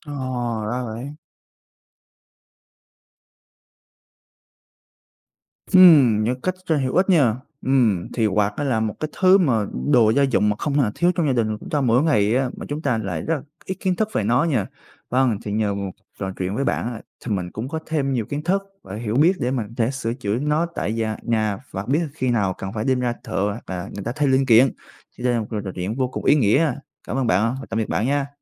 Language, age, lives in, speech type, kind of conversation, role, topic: Vietnamese, 20-24, Vietnam, read, expert-assistant, asker, Tại sao khi ta cắm điện vào quạt, quạt lại quay?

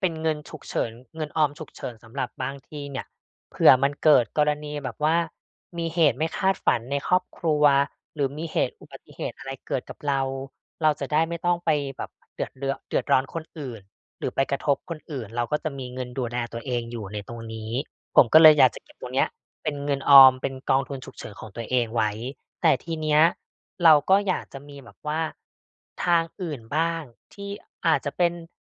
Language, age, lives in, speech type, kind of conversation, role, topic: Thai, 35-39, Thailand, conversation, advice, user, ฉันจะเริ่มสร้างกองทุนฉุกเฉินได้อย่างไร?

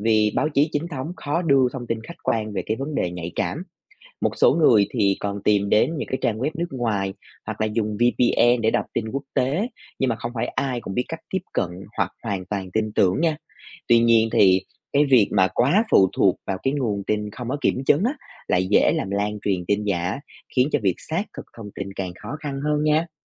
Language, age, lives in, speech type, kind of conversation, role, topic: Vietnamese, 25-29, Vietnam, read, expert-assistant, expert, Làm thế nào để phân biệt các mô hình quản trị nhà nước và tác động của kiểm duyệt báo chí?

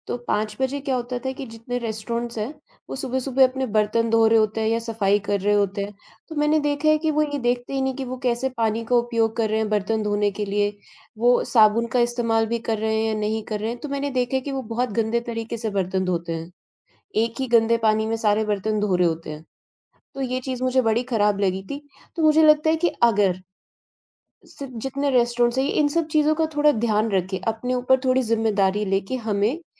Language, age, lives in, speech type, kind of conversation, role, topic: Hindi, 45-49, India, conversation, unstructured, none, क्या आपको लगता है कि बाहर का खाना अक्सर गंदा होता है?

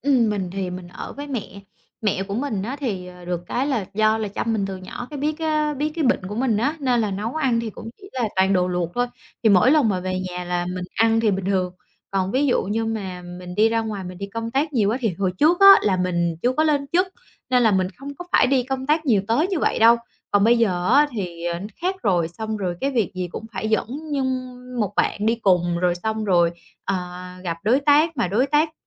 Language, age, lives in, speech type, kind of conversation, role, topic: Vietnamese, 30-34, Vietnam, conversation, advice, user, Làm sao để ăn uống lành mạnh khi lịch sinh hoạt của bạn thay đổi?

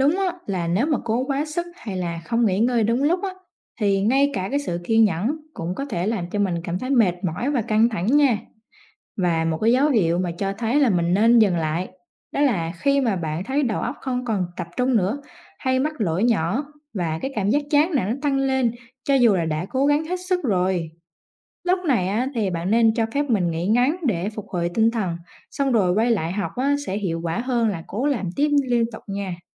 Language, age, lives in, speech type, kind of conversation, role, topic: Vietnamese, 20-24, Vietnam, read, expert-assistant, expert, Làm thế nào để rèn luyện tính kiên nhẫn trong học tập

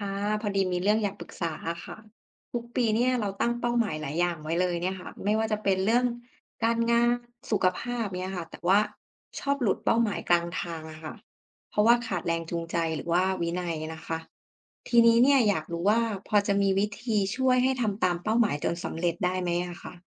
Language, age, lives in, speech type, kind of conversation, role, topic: Thai, 30-34, Thailand, read, expert-assistant, asker, มีวิธีไหนบ้างที่ช่วยให้เราวางแผนเป้าหมายชีวิตแล้วทำได้จริง ไม่หลุดเป้าไปกลางทาง?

